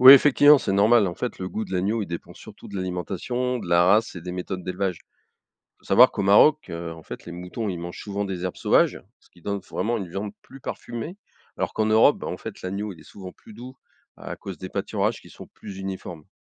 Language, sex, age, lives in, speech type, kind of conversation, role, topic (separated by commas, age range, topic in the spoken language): French, male, 55-59, France, read, expert-assistant, expert, Pourquoi la façon d’élever les animaux varie-t-elle autant selon les pays et les traditions ?